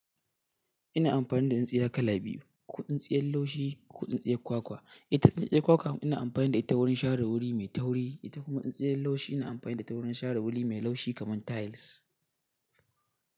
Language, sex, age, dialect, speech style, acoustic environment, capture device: Hausa, male, 18-24, Nigeria - Katsina, happy; anxious; relaxed; excited; focused; nervous, nature; silence, mobile / Linux / Chrome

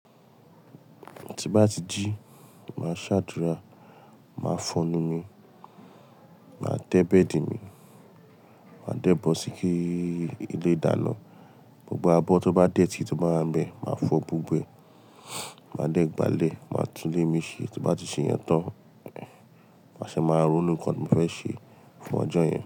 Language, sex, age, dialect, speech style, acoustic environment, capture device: Yoruba, male, 25-34, Nigeria - Lagos Yoruba, tired; relaxed, nature, mobile / Linux / Chrome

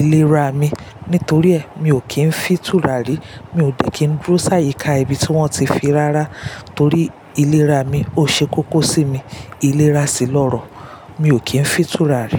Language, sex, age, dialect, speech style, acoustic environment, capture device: Yoruba, female, 35-44, Nigeria - Lagos Yoruba, relaxed, silence, mobile / Linux / Chrome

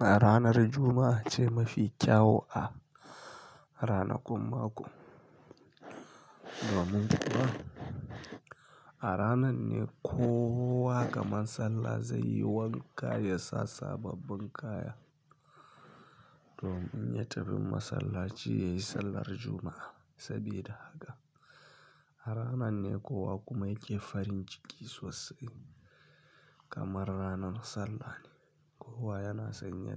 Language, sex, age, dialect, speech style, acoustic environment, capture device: Hausa, male, 25-34, Nigeria - Kano, happy; anxious; tired; relaxed; stressed; focused; excited; nervous, people talking; music; nature; silence; traffic; construction; appliances, mobile / Linux / Chrome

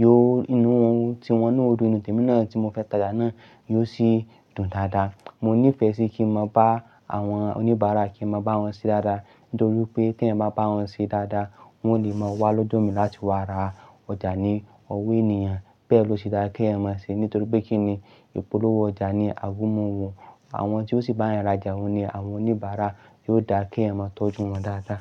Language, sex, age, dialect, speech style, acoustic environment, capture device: Yoruba, male, 25-34, Nigeria - Ibadan, excited, nature, mobile / Linux / Chrome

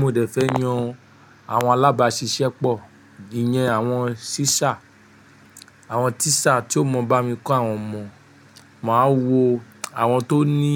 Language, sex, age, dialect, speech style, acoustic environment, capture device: Yoruba, male, 25-34, Nigeria - Nigerian Standard, happy; relaxed; focused; excited, nature, mobile / Linux / Chrome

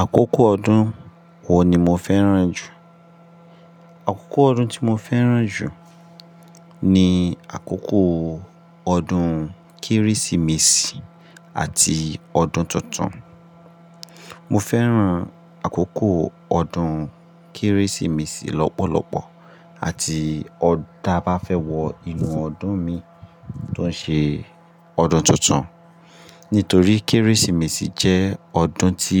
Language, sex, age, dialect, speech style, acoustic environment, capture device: Yoruba, male, 25-34, Nigeria - Ibadan, happy; focused, silence; appliances; nature, mobile / Linux / Chrome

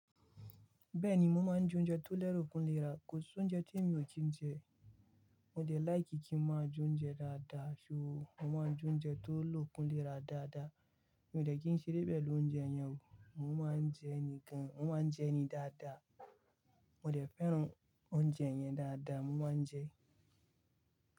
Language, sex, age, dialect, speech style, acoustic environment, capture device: Yoruba, male, 25-34, Nigeria - Kano, relaxed, silence, mobile / Linux / Chrome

